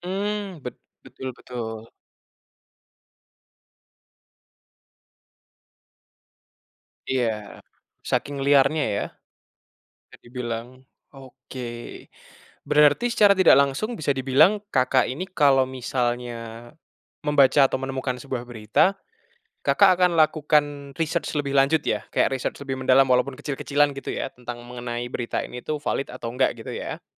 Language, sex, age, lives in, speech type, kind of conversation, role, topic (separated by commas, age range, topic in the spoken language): Indonesian, male, 20-24, Indonesia, conversation, podcast, host, Bagaimana kamu menilai berita yang bertebaran di internet?